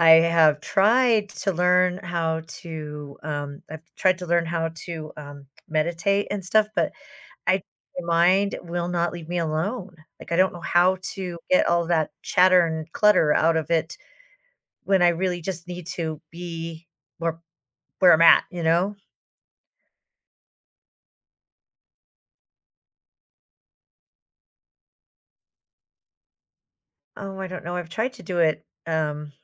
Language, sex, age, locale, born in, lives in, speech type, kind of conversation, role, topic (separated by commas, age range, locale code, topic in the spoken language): English, female, 55-59, en-US, United States, United States, conversation, advice, user, How can I be more mindful during my least present moments?